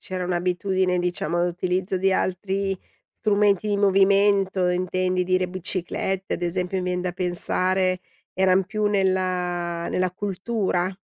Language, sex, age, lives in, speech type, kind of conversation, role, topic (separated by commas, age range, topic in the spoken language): Italian, female, 50-54, Italy, conversation, podcast, host, Quali piccoli gesti verdi rendono la vita più leggera?